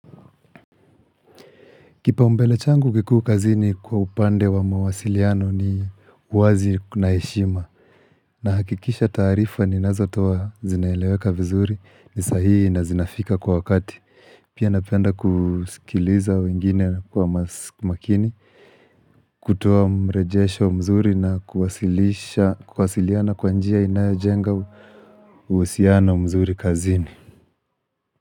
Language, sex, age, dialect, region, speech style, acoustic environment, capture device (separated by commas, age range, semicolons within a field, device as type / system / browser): Swahili, male, 25-34, Kenya - Nairobi Sheng-influenced, Kenya, relaxed, people talking, mobile / Linux / Chrome